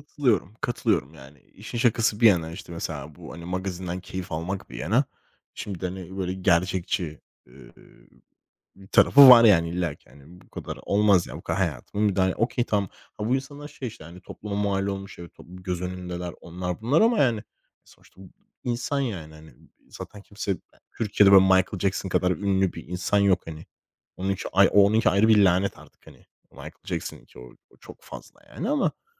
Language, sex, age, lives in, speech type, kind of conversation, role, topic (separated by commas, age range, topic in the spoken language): Turkish, male, 25-29, Spain, conversation, unstructured, none, Ünlülerin özel hayatlarına yapılan müdahaleler sizce nerede durmalı?